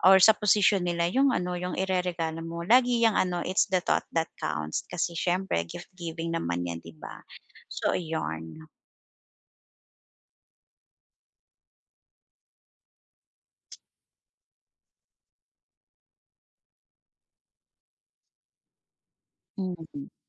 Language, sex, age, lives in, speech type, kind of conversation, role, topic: Filipino, female, 40-44, Philippines, conversation, advice, advisor, Paano ako pipili ng tamang regalo para sa isang kaibigan?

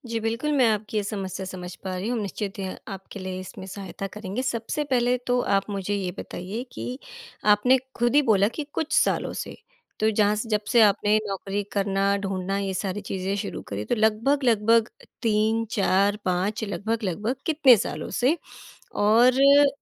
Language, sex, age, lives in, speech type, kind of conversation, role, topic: Hindi, female, 35-39, India, conversation, advice, advisor, रात में नींद न आने और लगातार चिंता होने पर मैं क्या करूँ?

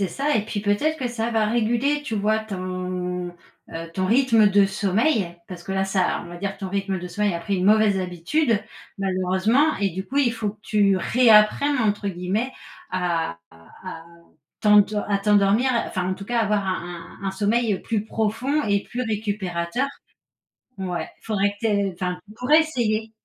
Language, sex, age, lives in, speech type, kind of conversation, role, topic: French, female, 40-44, France, conversation, advice, advisor, Pourquoi est-ce que je me réveille trop tôt sans pouvoir me rendormir, avec une fatigue persistante ?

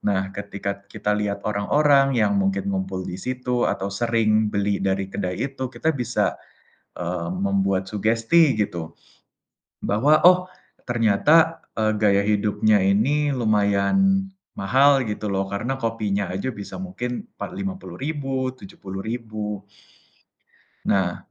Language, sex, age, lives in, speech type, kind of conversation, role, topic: Indonesian, male, 20-24, Indonesia, conversation, unstructured, none, Apakah Anda lebih suka kopi atau teh untuk memulai hari?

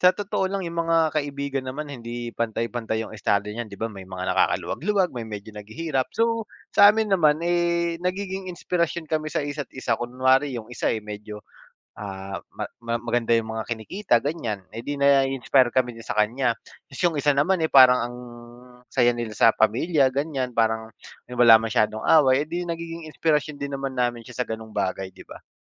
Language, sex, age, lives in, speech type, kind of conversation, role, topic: Filipino, male, 30-34, Philippines, conversation, unstructured, none, Paano nakakatulong ang mga kaibigan sa paghubog ng sarili mo?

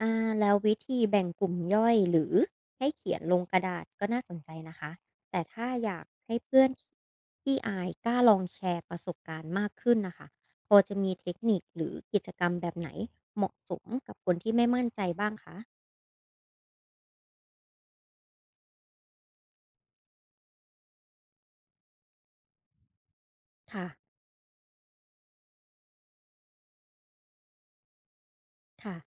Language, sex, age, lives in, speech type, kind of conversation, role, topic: Thai, female, 25-29, Thailand, read, expert-assistant, asker, ถ้ามีเพื่อนที่ไม่ค่อยสนใจศิลปะ เราจะชวนเขาพูดคุยหรือวิจารณ์งานศิลป์ด้วยวิธีใหม่ๆ แบบไหนได้บ้าง?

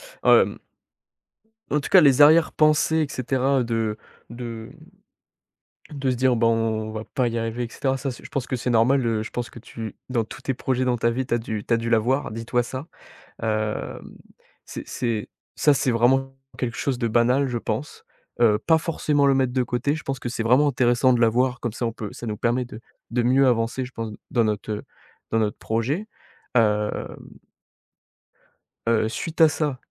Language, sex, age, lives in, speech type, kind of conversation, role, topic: French, male, 18-19, France, conversation, advice, advisor, Comment gérer la peur d’échouer après avoir beaucoup investi personnellement dans un projet ?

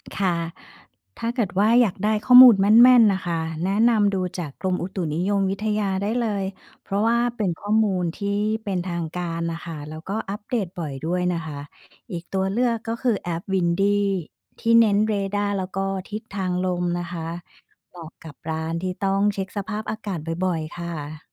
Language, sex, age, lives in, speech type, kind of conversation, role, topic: Thai, female, 45-49, Thailand, read, expert-assistant, expert, ถ้าอยากติดตามพยากรณ์อากาศที่แม่นยำในไทย ควรเช็คจากที่ไหนดีที่สุด?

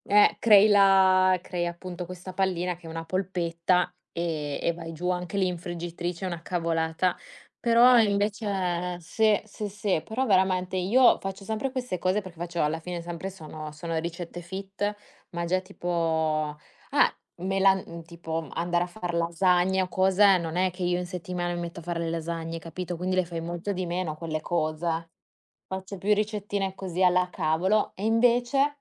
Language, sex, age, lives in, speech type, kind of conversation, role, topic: Italian, female, 25-29, Italy, conversation, unstructured, none, Qual è il piatto che ti rende più felice quando lo mangi?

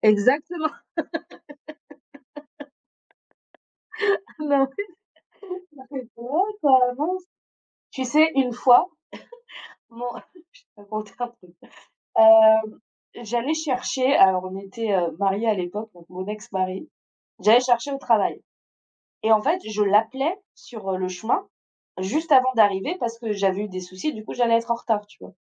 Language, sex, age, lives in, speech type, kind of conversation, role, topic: French, female, 35-39, France, conversation, unstructured, none, Préféreriez-vous vivre dans un monde sans mensonges ou dans un monde où tout le monde dit toujours la vérité ?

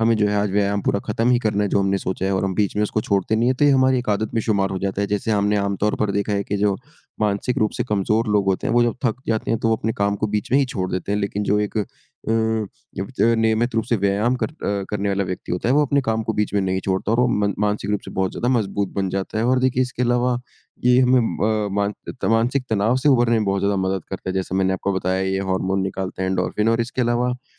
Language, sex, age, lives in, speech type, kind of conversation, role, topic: Hindi, male, 20-24, India, conversation, unstructured, none, व्यायाम करने से आपके जीवन में क्या बदलाव आए हैं?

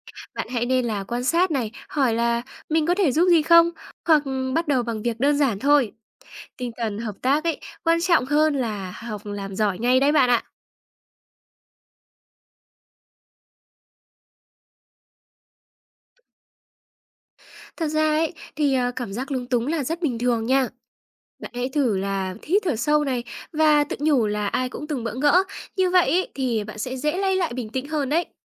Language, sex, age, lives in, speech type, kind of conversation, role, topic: Vietnamese, female, 20-24, Vietnam, read, expert-assistant, expert, Làm thế nào để lên kế hoạch du lịch giúp phát triển bản thân và mở rộng thế giới quan?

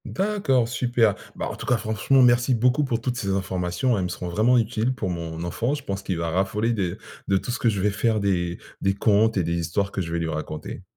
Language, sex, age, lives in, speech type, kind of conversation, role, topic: French, male, 45-49, France, read, expert-assistant, asker, Comment pourrais-tu réinventer une histoire classique pour la rendre surprenante aujourd’hui ?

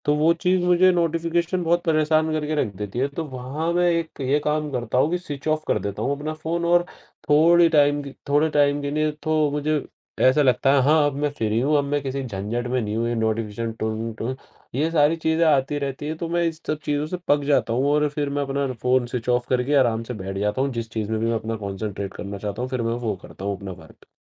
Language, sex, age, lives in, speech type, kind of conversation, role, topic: Hindi, male, 18-19, India, conversation, podcast, guest, Notifications se pareshan ho jaate ho toh tum kaun se kadam uthate ho?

